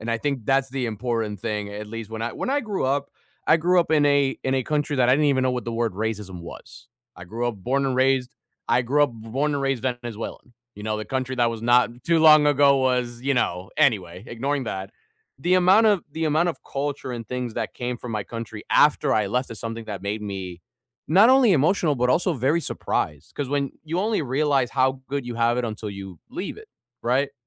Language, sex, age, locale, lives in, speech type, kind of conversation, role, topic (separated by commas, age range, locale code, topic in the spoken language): English, male, 20-24, en-US, United States, conversation, unstructured, none, How can movies teach us about different cultures?